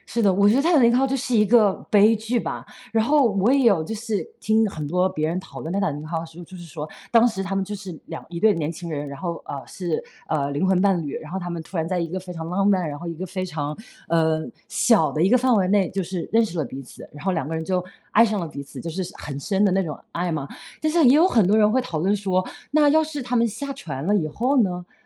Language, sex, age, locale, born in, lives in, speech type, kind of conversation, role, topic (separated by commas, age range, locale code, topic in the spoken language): Chinese, female, 35-39, zh-CN, China, United States, conversation, podcast, host, 你能分享一次让你特别感动的瞬间吗？